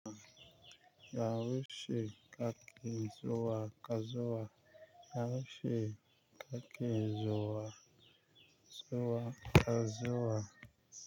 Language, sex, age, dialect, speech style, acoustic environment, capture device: Hausa, male, 25-34, Nigeria - Nigerian Standard, focused, nature, mobile / Linux / Chrome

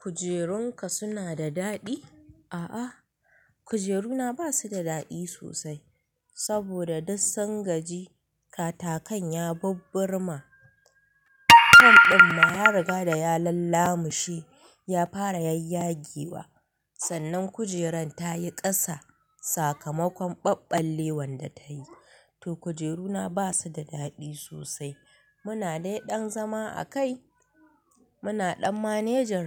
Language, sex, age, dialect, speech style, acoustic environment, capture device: Hausa, female, 25-34, Nigeria - Kano, happy; excited, people talking, mobile / Linux / Chrome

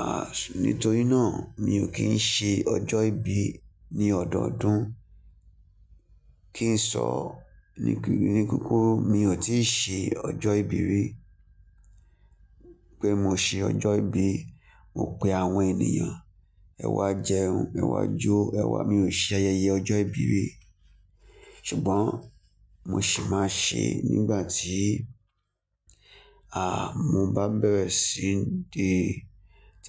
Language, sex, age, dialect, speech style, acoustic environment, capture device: Yoruba, male, 25-34, Nigeria - Lagos Yoruba, focused, nature, mobile / Linux / Chrome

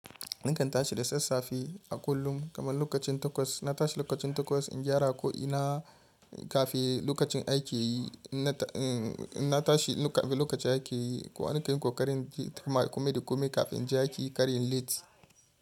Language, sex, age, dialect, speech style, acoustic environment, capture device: Hausa, male, 25-34, Nigeria - Kano, happy, traffic, mobile / Linux / Chrome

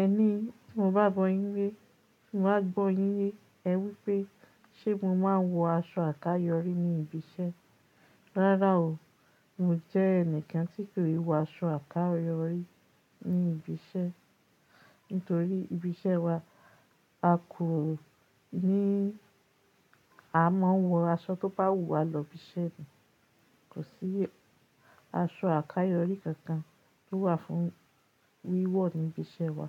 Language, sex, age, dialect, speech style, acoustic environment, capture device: Yoruba, female, 35-44, Nigeria - Lagos Yoruba, happy, silence, mobile / Linux / Chrome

